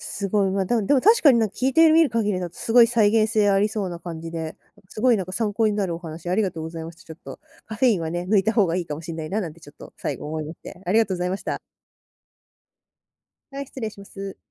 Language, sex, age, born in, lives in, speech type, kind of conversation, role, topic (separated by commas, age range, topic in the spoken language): Japanese, female, 20-24, Japan, Japan, conversation, podcast, host, 睡眠は時間よりも質を重視しますか、それとも量を重視しますか？